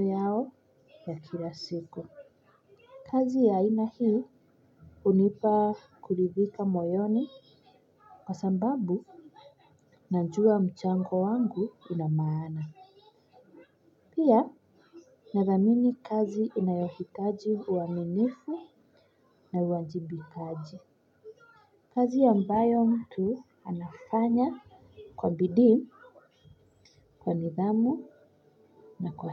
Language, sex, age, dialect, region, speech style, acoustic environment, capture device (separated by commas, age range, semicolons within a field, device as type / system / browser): Swahili, female, 25-34, Kenya - Nairobi Sheng-influenced, Kenya, relaxed, silence, mobile / Linux / Chrome